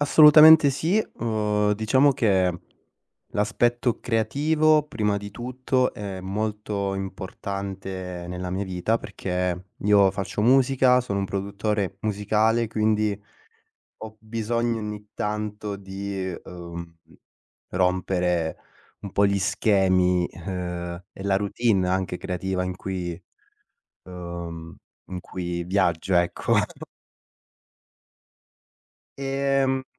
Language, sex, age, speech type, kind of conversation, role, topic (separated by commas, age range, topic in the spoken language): Italian, male, 25-29, conversation, podcast, guest, Quali tecniche usi per rompere la routine creativa?